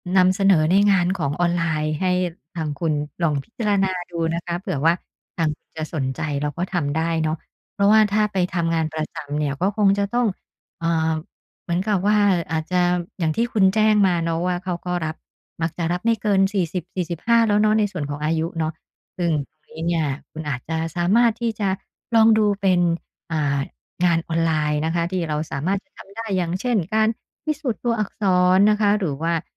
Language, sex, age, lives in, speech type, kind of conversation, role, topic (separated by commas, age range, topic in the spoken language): Thai, female, 50-54, Thailand, conversation, advice, advisor, คุณตกงานมานานแค่ไหน และตอนนี้กำลังปรับตัวเพื่อหาเงินใหม่อย่างไร?